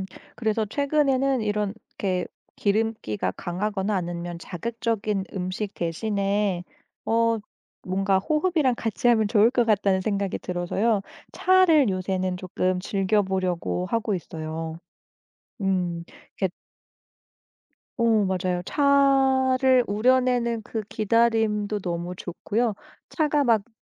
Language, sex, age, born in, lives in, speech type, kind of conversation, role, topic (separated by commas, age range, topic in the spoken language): Korean, female, 35-39, South Korea, Germany, conversation, podcast, guest, 스트레스를 받을 때 가장 먼저 떠오르는 위안 음식은 무엇인가요?